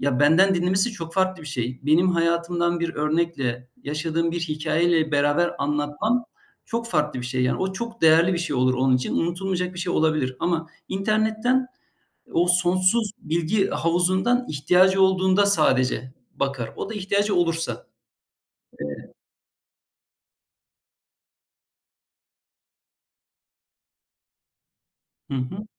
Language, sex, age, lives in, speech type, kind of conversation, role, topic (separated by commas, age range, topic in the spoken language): Turkish, male, 45-49, Germany, conversation, unstructured, none, Kültürel kayıplar insanlarda hangi duyguları uyandırır?